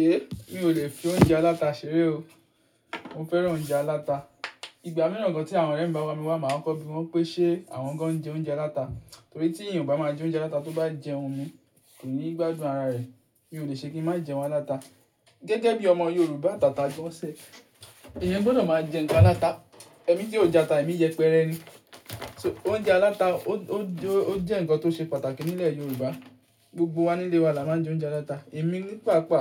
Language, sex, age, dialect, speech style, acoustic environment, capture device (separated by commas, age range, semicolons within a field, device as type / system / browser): Yoruba, male, 18-24, Nigeria - Ibadan, stressed, silence, mobile / Linux / Chrome